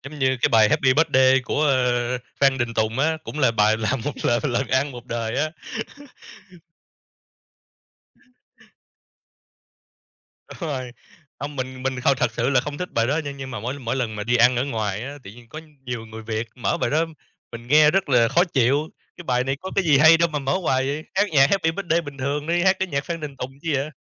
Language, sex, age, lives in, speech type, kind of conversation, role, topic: Vietnamese, male, 30-34, Vietnam, conversation, unstructured, none, Bạn thường nghe thể loại nhạc nào nhất trong cuộc sống hằng ngày?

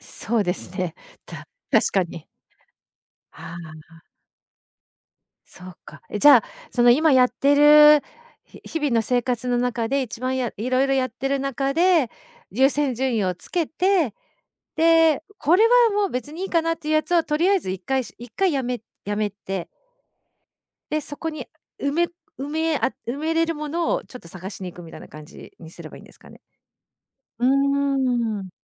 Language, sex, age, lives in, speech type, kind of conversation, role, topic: Japanese, female, 50-54, Japan, conversation, podcast, host, 自分らしいスタイルを見つけるために、最初に何から始めればいいですか？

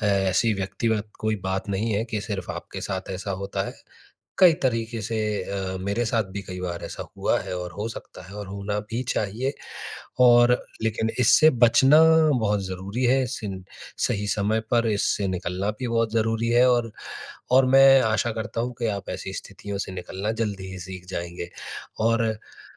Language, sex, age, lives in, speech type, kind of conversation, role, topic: Hindi, male, 30-34, India, conversation, advice, advisor, सीमाओं का उपयोग करके मैं अपनी रचनात्मक रुकावट कैसे दूर कर सकता/सकती हूँ?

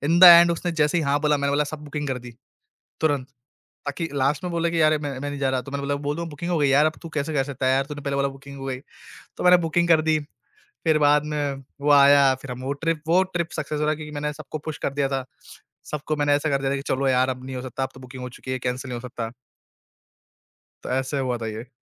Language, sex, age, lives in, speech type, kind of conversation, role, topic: Hindi, male, 20-24, India, conversation, podcast, guest, Jab kisi trip par tumhara plan fail ho gaya, toh tumne situation ko kaise handle kiya?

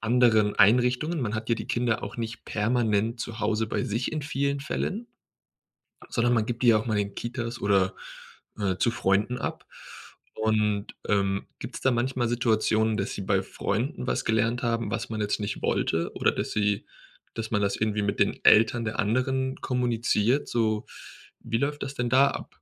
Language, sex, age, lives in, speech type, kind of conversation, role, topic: German, male, 25-29, Germany, conversation, podcast, host, Wie können Eltern ihren Kindern Verantwortung beibringen?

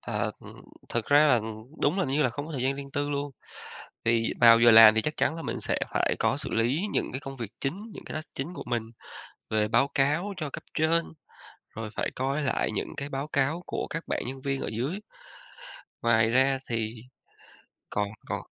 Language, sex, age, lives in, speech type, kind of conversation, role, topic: Vietnamese, male, 35-39, Vietnam, conversation, podcast, guest, Làm thế nào để giữ ranh giới giữa công việc và đời sống riêng tư?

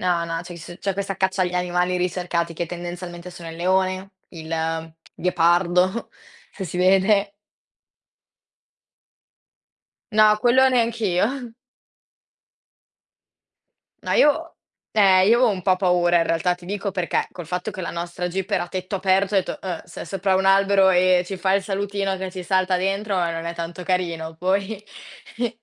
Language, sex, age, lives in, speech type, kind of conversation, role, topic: Italian, female, 18-19, Italy, conversation, podcast, host, Mi racconti un’esperienza nella natura che ricordi ancora?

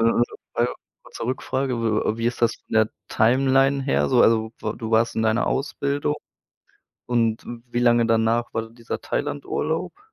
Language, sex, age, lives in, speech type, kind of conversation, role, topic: German, male, 25-29, Germany, conversation, podcast, host, Wie lehrt dich die Natur, langsamer zu werden?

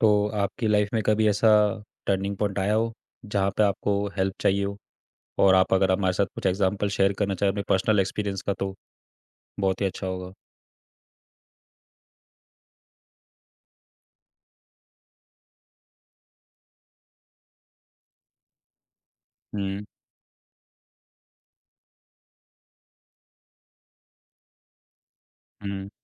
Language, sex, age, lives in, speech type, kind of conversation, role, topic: Hindi, male, 25-29, India, conversation, podcast, host, Kya tum kabhi madad maangte ho, aur kaise maangte ho?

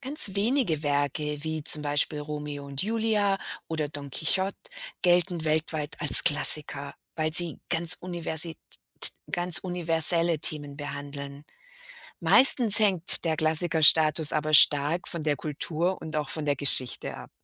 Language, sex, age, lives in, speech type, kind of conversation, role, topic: German, female, 50-54, United States, read, expert-assistant, expert, Was macht ein Buch eigentlich zu einem Klassiker der Literatur?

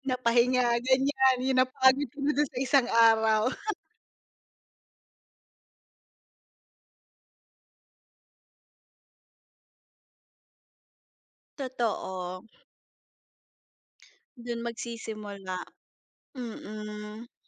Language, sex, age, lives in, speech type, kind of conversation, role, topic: Filipino, female, 30-34, Philippines, conversation, unstructured, none, Anong uri ng ehersisyo ang madalas mong ginagawa?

